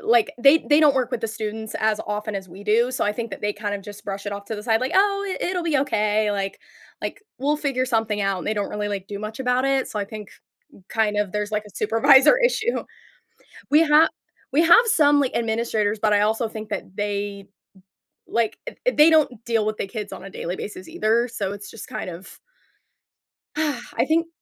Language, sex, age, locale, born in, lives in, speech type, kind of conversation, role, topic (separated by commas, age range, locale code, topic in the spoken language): English, female, 20-24, en-US, United States, United States, conversation, advice, user, How can I manage daily responsibilities without feeling constantly stressed?